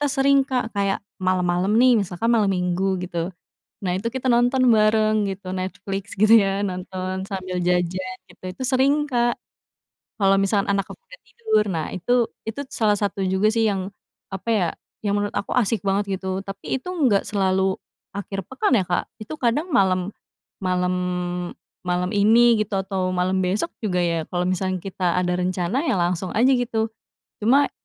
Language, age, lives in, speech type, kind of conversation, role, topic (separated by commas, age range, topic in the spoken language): Indonesian, 25-29, Indonesia, conversation, podcast, guest, Apa kebiasaan kecil yang membuat akhir pekanmu jadi lebih berkesan?